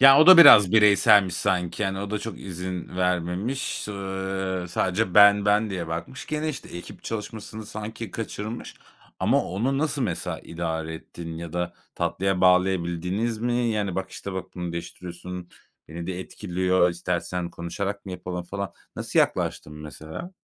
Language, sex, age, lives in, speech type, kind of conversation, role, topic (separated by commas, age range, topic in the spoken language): Turkish, male, 35-39, Spain, conversation, podcast, host, Bir projede takıldığın zaman ilk olarak ne yaparsın?